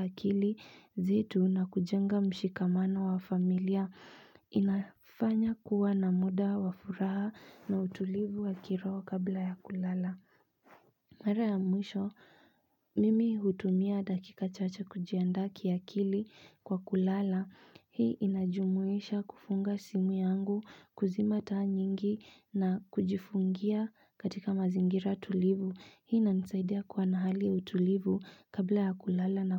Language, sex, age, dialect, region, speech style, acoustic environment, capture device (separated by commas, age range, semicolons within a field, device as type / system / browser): Swahili, female, 25-34, Kenya - Nairobi Sheng-influenced, Kenya, focused, silence, mobile / Linux / Chrome